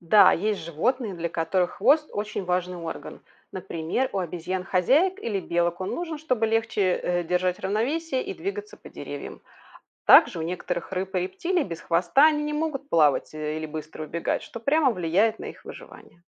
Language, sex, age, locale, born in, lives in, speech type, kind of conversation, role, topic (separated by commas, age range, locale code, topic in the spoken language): Russian, female, 40-44, ru-RU, Belarus, Spain, read, expert-assistant, expert, Почему у некоторых животных есть хвост?